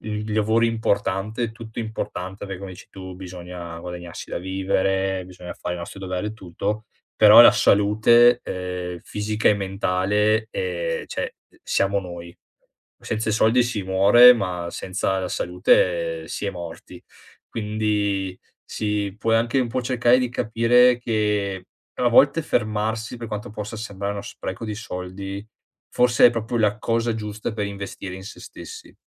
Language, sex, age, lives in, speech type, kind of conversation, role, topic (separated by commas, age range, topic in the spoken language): Italian, male, 30-34, Italy, conversation, advice, advisor, Come posso gestire il sovraccarico di impegni e trovare tempo per le attività importanti?